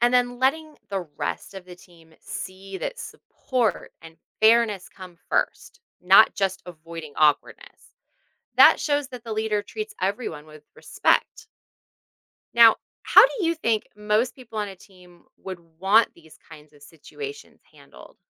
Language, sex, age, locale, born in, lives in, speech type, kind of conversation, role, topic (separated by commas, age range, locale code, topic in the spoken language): English, female, 40-44, en-US, United States, United States, read, expert-assistant, expert, What qualities make a good leader?